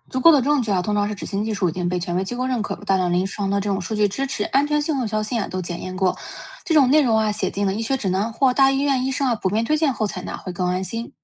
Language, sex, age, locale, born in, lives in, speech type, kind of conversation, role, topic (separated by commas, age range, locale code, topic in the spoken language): Chinese, female, 25-29, zh-CN, China, United States, read, expert-assistant, expert, 面对网上各种医学专科的信息，怎么判断哪些内容值得相信？